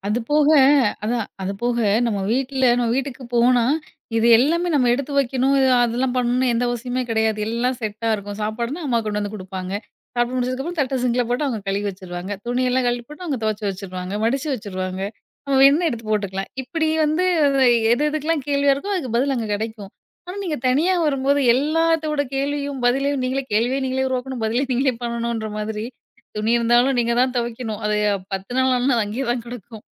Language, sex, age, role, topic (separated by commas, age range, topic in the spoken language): Tamil, female, 30-34, host, முதன்முறையாக தனியாக வாழத் தொடங்கிய போது என்ன நடந்தது?